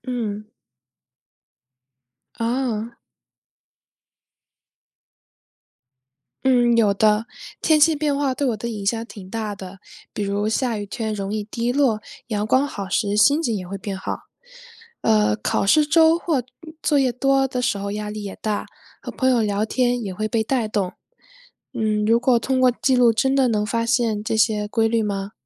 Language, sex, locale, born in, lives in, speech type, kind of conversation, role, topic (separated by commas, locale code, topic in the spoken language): Chinese, female, zh-CN, China, United States, read, expert-assistant, asker, 心理咨询为什么对每个人效果不同？